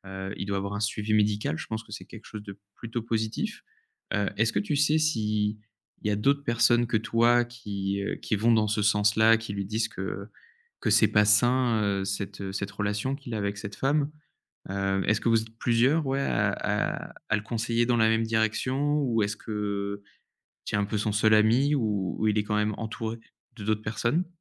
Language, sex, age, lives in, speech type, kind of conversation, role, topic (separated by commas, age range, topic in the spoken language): French, male, 30-34, France, conversation, advice, advisor, Comment aider un ami en crise sans s’épuiser ?